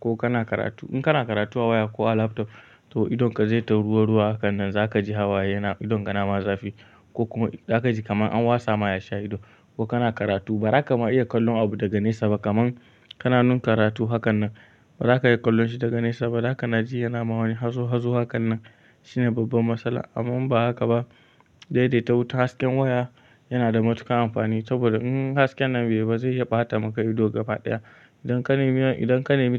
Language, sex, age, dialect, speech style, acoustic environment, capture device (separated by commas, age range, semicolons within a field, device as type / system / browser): Hausa, male, 18-24, Nigeria - Kano, tired, nature, mobile / Linux / Chrome